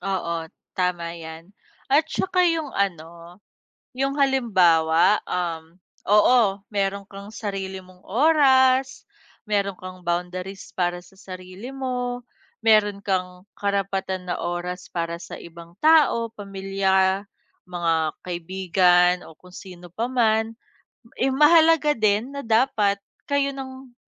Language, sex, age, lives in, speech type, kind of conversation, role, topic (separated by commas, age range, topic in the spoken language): Filipino, female, 30-34, Philippines, conversation, unstructured, none, Paano mo pinahahalagahan ang oras para sa sarili habang nasa isang relasyon?